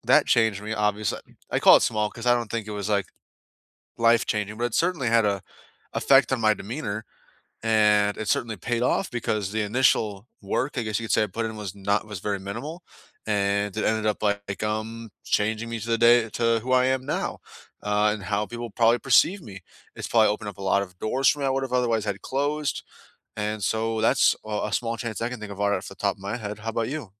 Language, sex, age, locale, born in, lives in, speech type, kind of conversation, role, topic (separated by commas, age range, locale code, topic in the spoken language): English, male, 20-24, en-US, United States, United States, conversation, unstructured, none, What small chance did you take that paid off, and how did it change you?